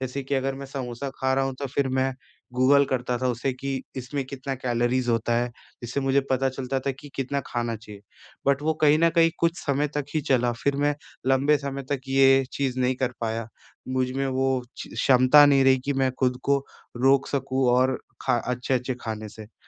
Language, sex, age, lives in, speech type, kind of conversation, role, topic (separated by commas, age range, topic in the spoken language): Hindi, male, 20-24, India, conversation, advice, user, मैं प्रसंस्कृत भोजन की मात्रा कैसे घटा सकता/सकती हूँ?